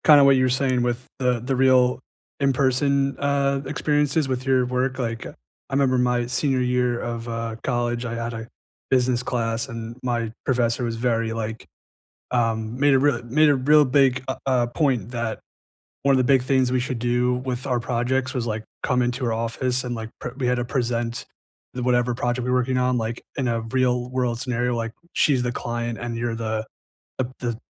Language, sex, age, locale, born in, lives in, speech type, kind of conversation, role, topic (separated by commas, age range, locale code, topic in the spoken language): English, male, 25-29, en-US, United States, United States, conversation, unstructured, none, How has technology changed your daily work, and which changes help or hinder you?